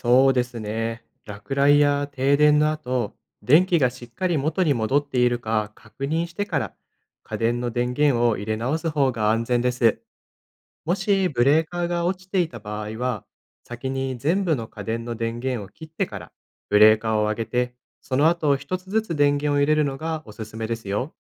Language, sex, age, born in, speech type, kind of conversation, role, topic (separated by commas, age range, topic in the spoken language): Japanese, male, 25-29, Japan, read, expert-assistant, expert, なぜ雷は高い木に落ちやすいのでしょうか？